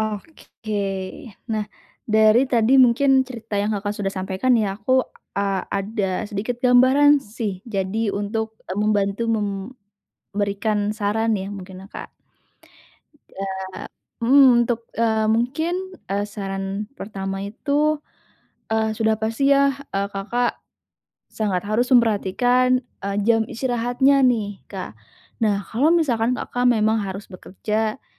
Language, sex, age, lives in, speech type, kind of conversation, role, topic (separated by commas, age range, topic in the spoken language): Indonesian, female, 20-24, Indonesia, conversation, advice, advisor, Bagaimana cara menjaga energi tetap stabil sepanjang hari?